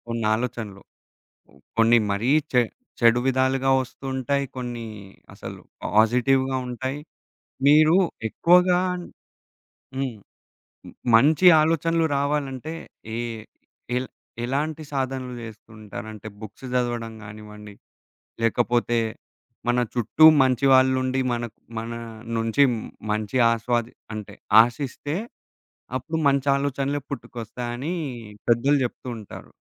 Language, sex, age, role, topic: Telugu, male, 20-24, host, నువ్వు ఒక ఆలోచనను ఎలా సృష్టించి దాన్ని ఎలా పెంపొందిస్తావు?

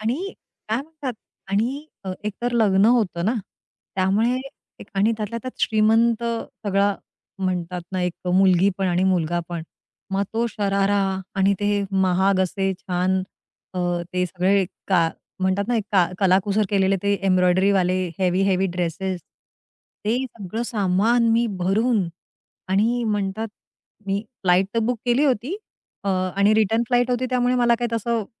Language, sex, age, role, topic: Marathi, female, 40-44, guest, प्रवासामुळे तुमचा स्वावलंबीपणा कसा वाढला?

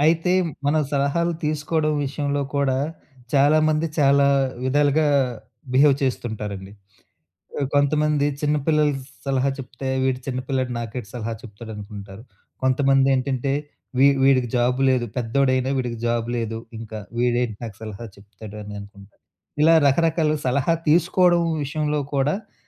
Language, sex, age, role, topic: Telugu, male, 35-39, host, మీరు కొన్నిసార్లు ఇతరుల సలహాను ఎందుకు తిరస్కరిస్తారు?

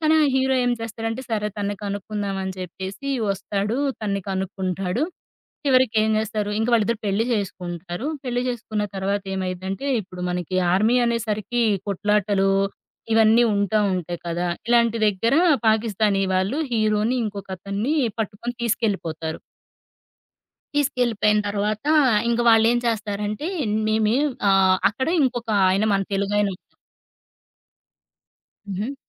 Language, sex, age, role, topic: Telugu, female, 30-34, guest, సినీ కథల్లో లింగ పాత్రల ప్రదర్శనపై నీ అభిప్రాయం ఏమిటి?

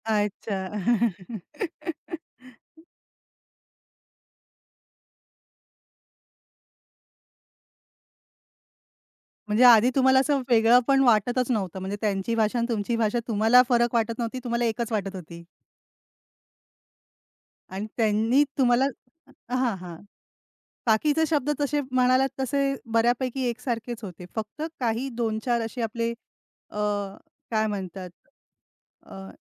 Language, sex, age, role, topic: Marathi, female, 35-39, host, शहरात आल्यावर तुमची भाषा कशी बदलली?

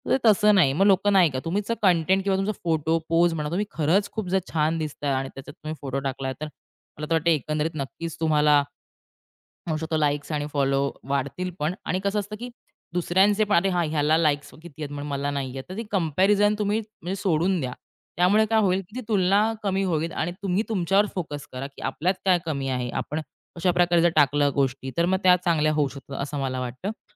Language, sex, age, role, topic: Marathi, female, 30-34, guest, सामाजिक माध्यमांवरच्या पसंती आणि अनुयायांच्या संख्येमुळे तुमचे आत्ममूल्य बदलते का?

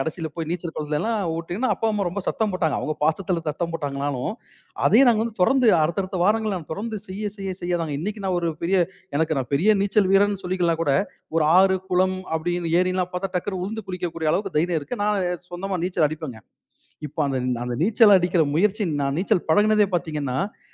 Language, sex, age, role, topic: Tamil, male, 40-44, guest, ஒரு தோல்வியடைந்த முயற்சி எப்படி வெற்றியாக மாறியது என்பதைப் பற்றிய உங்கள் அனுபவத்தைப் பகிர முடியுமா?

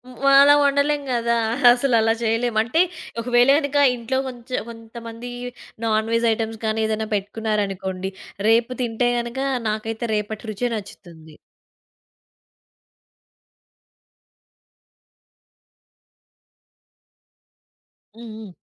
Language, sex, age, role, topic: Telugu, female, 20-24, guest, పండుగ విందుల్లో మిగిలిన వంటకాలను మీరు ఎలా మళ్లీ వినియోగిస్తారు?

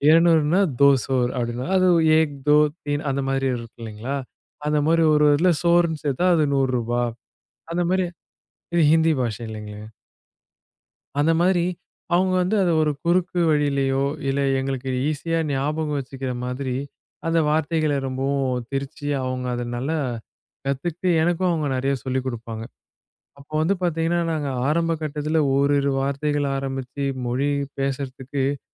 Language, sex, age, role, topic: Tamil, male, 20-24, guest, குடும்பத்துடன் சேர்ந்து ஒரே பயிற்சியை எப்படித் தொடங்கி தொடரலாம்?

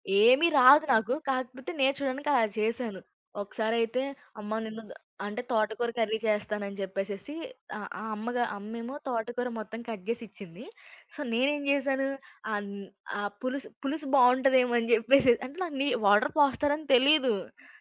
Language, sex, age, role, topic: Telugu, female, 20-24, guest, వంటలో కొత్త రుచులతో ప్రయోగాలు చేయడం మీకు ఎందుకు సరదాగా అనిపిస్తుంది?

